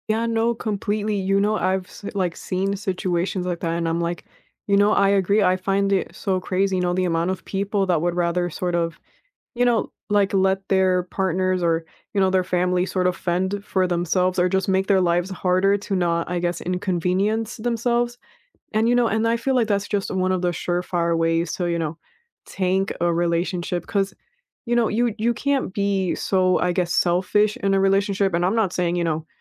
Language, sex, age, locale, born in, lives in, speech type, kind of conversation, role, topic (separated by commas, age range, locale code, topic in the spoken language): English, female, 20-24, en-US, United States, United States, conversation, unstructured, none, How can small, everyday gestures strengthen your relationships, and what are their limits?